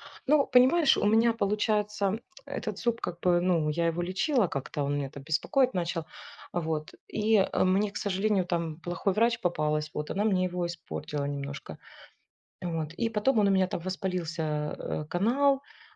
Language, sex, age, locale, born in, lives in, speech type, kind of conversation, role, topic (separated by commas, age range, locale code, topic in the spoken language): Russian, female, 40-44, ru-RU, Ukraine, Spain, conversation, unstructured, none, Какие привычки помогают вам сохранять позитивный настрой?